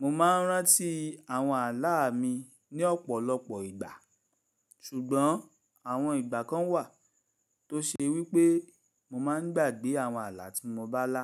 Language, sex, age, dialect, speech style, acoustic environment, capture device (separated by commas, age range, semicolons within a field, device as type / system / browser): Yoruba, male, 35-44, Nigeria - Lagos Yoruba, focused; happy, silence, mobile / Linux / Chrome